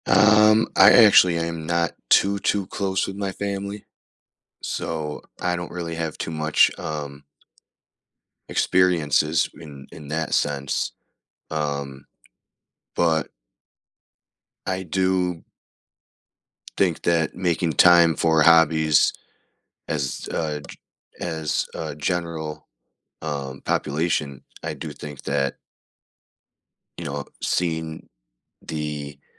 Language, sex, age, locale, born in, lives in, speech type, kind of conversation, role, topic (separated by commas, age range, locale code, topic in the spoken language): English, male, 35-39, en-US, South Korea, United States, conversation, unstructured, none, How do hobbies help you relax after a stressful day?